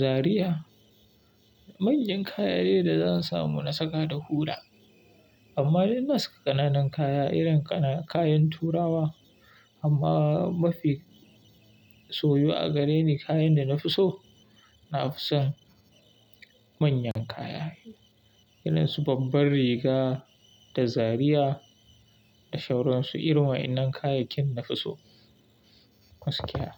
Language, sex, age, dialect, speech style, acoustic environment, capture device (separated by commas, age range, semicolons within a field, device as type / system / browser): Hausa, male, 25-34, Nigeria - Kano, anxious; happy; relaxed, silence; appliances, mobile / Linux / Chrome